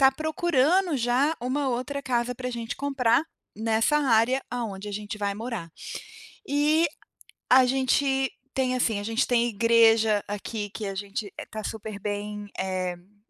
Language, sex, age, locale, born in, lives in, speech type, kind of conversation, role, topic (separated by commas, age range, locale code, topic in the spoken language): Portuguese, female, 45-49, pt-BR, Brazil, United States, conversation, advice, user, Como posso manter meu equilíbrio emocional diante da incerteza?